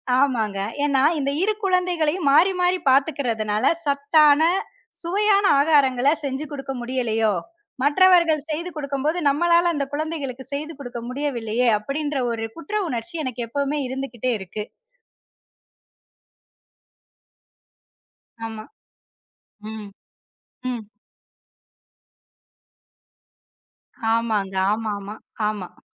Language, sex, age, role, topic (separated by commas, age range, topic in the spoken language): Tamil, female, 30-34, guest, வீட்டுப் பணிகளை குடும்பத்தில் சமமாகப் பகிர்வதைப் பற்றி உங்கள் அணுகுமுறை என்ன?